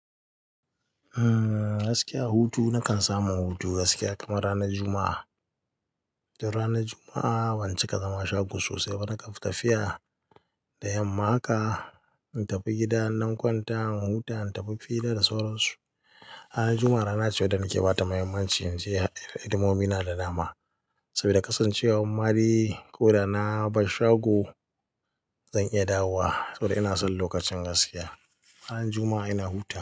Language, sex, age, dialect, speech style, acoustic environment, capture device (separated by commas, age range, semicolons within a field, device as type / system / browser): Hausa, male, 25-34, Nigeria - Katsina, happy, people talking, mobile / Linux / Chrome